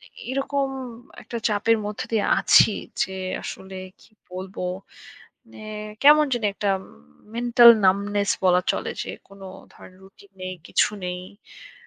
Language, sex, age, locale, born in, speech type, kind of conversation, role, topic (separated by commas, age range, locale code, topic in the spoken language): Bengali, female, 25-29, bn-BD, Bangladesh, conversation, advice, user, আপনি কেন প্রতিদিনের কাজে কোনো অর্থ খুঁজে পাচ্ছেন না?